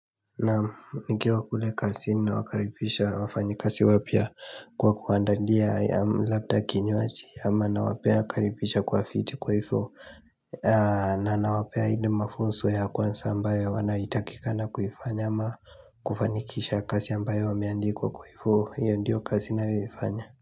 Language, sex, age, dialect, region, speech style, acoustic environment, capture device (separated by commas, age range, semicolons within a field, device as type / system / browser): Swahili, male, 18-24, Kenya - Nairobi Sheng-influenced, Kenya, focused, silence, mobile / Linux / Chrome